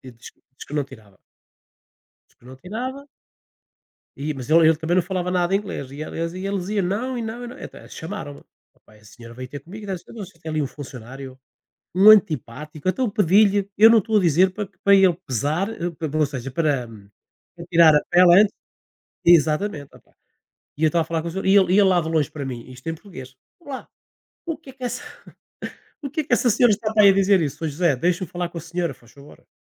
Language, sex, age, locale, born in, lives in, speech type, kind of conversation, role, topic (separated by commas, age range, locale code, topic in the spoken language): Portuguese, male, 60-64, pt-PT, Portugal, Portugal, conversation, unstructured, none, O que te deixa bravo quando você tem dificuldade para aprender alguma coisa?